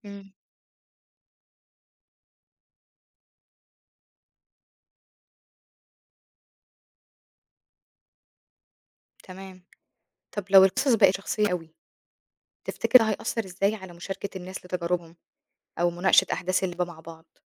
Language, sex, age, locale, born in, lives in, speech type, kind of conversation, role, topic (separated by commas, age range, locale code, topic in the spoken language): Arabic, female, 25-29, ar-EG, Egypt, Egypt, read, expert-assistant, asker, لو عندك القدرة تدمج لعبتين مشهورين مع بعض، إيه هما وحيبقى شكل اللعبة الجديدة عامل إزاي؟